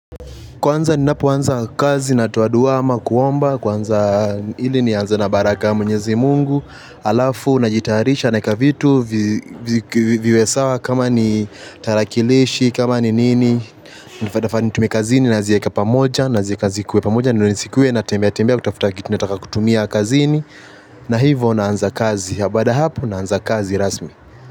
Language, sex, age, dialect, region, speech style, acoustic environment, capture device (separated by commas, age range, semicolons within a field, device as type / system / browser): Swahili, male, 18-24, Kenya - Mombasa, Kenya, relaxed, appliances, mobile / Linux / Chrome